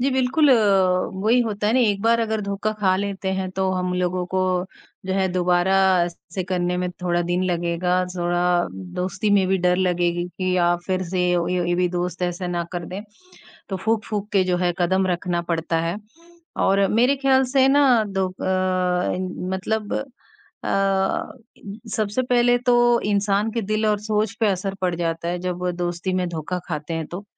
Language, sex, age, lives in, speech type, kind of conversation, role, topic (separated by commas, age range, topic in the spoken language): Hindi, male, 18-19, India, conversation, unstructured, none, क्या दोस्ती में धोखा आपको बदल सकता है?